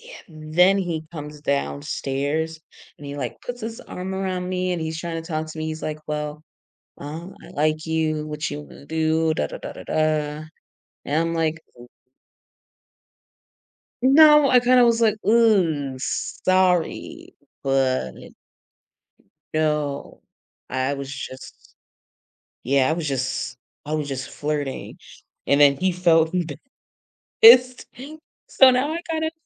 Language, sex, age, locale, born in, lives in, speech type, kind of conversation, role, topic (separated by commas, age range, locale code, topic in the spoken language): English, female, 25-29, en-US, United States, United States, conversation, advice, user, How can I resolve a disagreement with a close friend without damaging our friendship?